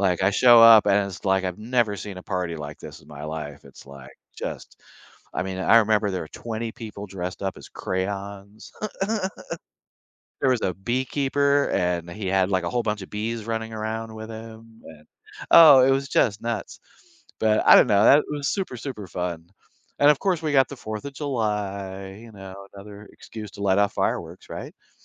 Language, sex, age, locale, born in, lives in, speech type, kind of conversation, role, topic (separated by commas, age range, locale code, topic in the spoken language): English, male, 60-64, en-US, United States, United States, conversation, podcast, guest, What can we learn from the ways different cultures celebrate their holidays?